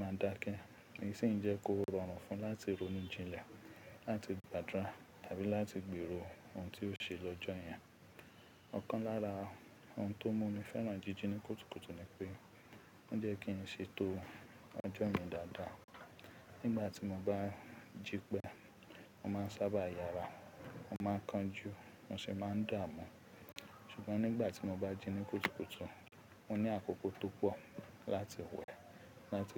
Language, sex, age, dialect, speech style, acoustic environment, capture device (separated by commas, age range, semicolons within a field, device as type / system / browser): Yoruba, male, 25-34, Nigeria - Ibadan, relaxed; focused, people talking; nature, mobile / Linux / Chrome